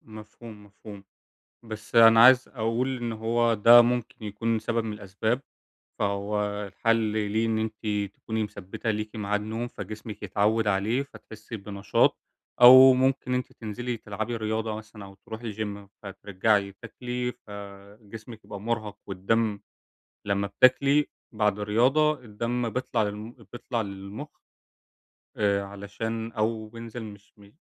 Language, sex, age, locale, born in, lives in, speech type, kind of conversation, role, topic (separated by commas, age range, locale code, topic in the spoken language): Arabic, male, 20-24, ar-EG, Egypt, Germany, conversation, advice, advisor, إزاي أقدر أعمل طقوس بسيطة بالليل تقلل توتري قبل ما أنام؟